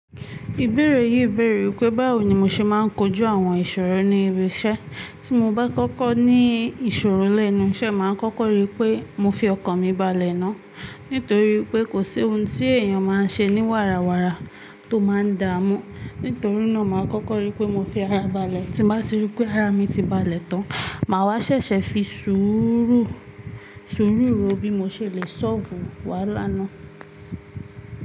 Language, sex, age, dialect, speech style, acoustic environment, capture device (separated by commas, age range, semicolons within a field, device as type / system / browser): Yoruba, female, 18-24, Nigeria - Ibadan, focused, appliances, mobile / Linux / Chrome